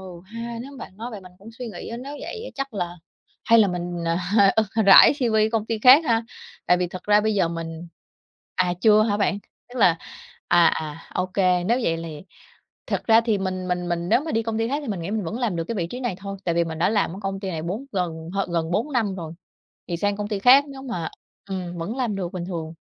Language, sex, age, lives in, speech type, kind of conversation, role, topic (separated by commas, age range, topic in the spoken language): Vietnamese, female, 30-34, Vietnam, conversation, advice, user, Làm thế nào để đề nghị tăng lương và trình bày lý do một cách thuyết phục?